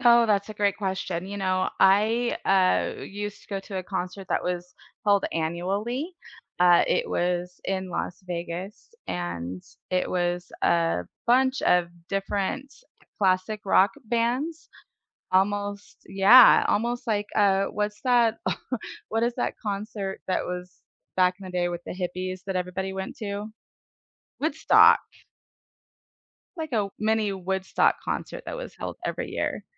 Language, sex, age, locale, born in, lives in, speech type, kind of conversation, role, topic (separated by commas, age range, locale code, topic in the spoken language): English, female, 45-49, en-US, United States, United States, conversation, unstructured, none, What was your most unforgettable live concert experience, who were you with, and what made it special to you?